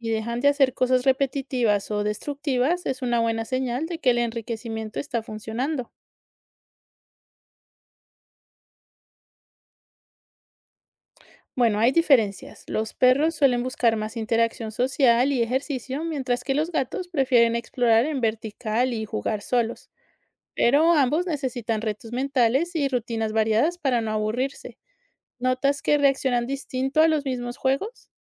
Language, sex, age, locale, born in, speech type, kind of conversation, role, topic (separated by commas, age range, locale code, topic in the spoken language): Spanish, female, 30-34, es-CO, Colombia, read, expert-assistant, expert, ¿Cómo aplicar principios básicos de zoología para enriquecer la vida y bienestar de mis mascotas?